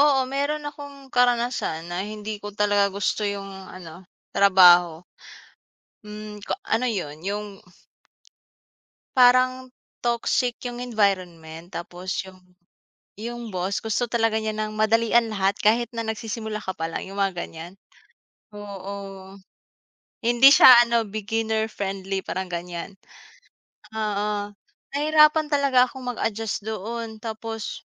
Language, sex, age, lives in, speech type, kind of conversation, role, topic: Filipino, female, 25-29, Philippines, conversation, unstructured, none, Anong trabaho ang nagpapasaya sa’yo?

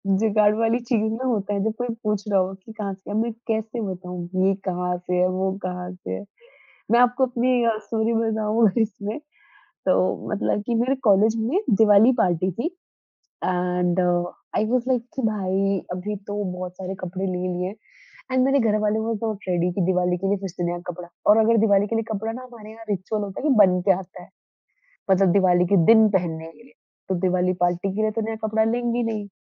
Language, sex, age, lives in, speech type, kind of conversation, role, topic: Hindi, female, 20-24, India, conversation, podcast, host, Comfort aur style ka balance banane ka tumhara tareeka kya hai?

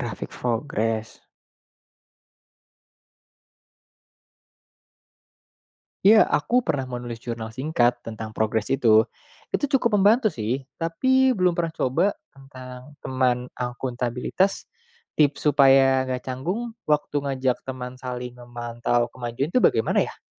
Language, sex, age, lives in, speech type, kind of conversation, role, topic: Indonesian, male, 25-29, Indonesia, read, expert-assistant, asker, Apa saja langkah kreatif yang bisa dilakukan untuk mengubah kebiasaan menunda pekerjaan menjadi lebih produktif?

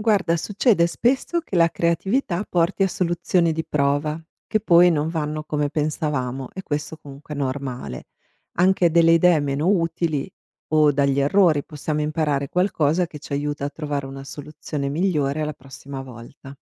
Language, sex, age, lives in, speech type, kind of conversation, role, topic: Italian, female, 45-49, United States, read, expert-assistant, expert, Come la creatività si manifesta nella soluzione dei problemi quotidiani?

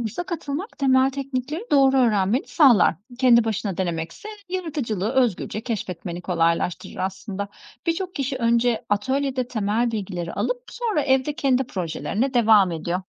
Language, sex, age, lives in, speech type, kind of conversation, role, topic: Turkish, female, 40-44, Greece, read, expert-assistant, expert, Halk sanatlarında kendimi geliştirmek için nereden başlamalıyım, hangi temel beceriler önemli?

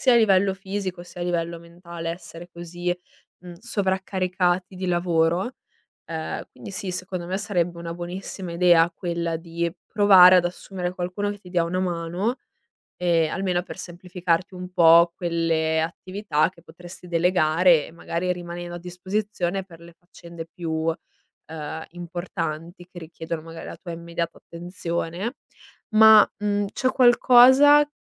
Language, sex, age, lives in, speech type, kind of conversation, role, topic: Italian, female, 20-24, Italy, conversation, advice, advisor, Come posso bilanciare l’impegno nella mia startup con la vita personale senza arrivare all’esaurimento?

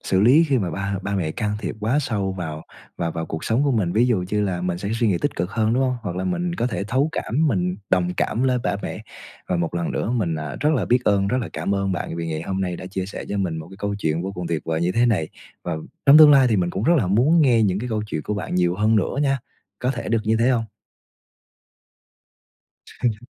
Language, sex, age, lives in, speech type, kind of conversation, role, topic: Vietnamese, male, 20-24, Vietnam, conversation, podcast, host, Bạn xử lý thế nào khi bố mẹ can thiệp quá sâu vào cuộc sống của bạn?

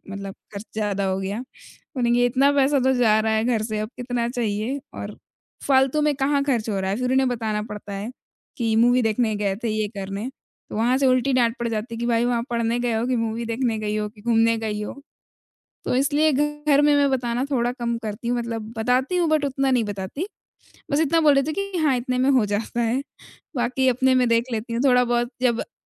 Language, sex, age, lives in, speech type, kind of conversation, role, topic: Hindi, female, 20-24, India, conversation, advice, user, क्या आप पैसों की समस्याओं को लेकर लगातार चिंता महसूस करते हैं?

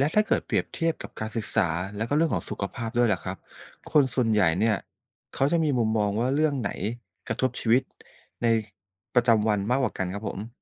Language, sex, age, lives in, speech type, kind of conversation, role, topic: Thai, male, 45-49, Thailand, read, expert-assistant, asker, ในชีวิตประจำวัน ความเหลื่อมล้ำเรื่องใดที่ส่งผลกับเรามากที่สุด และควรจัดการเรื่องไหนก่อน?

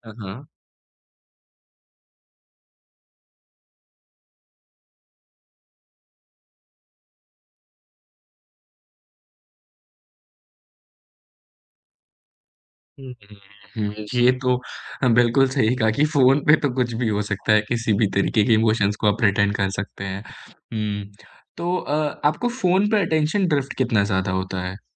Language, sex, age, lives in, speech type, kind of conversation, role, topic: Hindi, male, 25-29, India, conversation, podcast, host, Phone par baat sunna aur saamne milkar sunna alag kaise lagta hai?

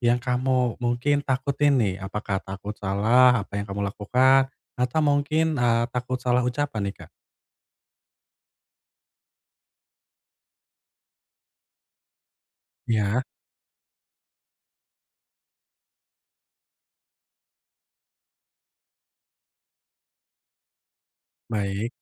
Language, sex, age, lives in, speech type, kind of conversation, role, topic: Indonesian, male, 25-29, Indonesia, conversation, advice, advisor, Bagaimana cara mendukung teman yang sedang mengalami masa sulit secara emosional?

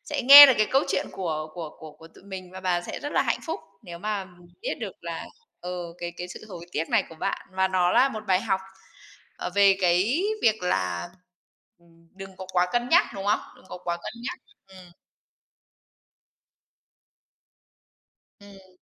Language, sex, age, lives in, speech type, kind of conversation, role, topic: Vietnamese, female, 30-34, Vietnam, conversation, podcast, host, Bạn từng hối tiếc điều gì và đã học được bài học gì từ điều đó?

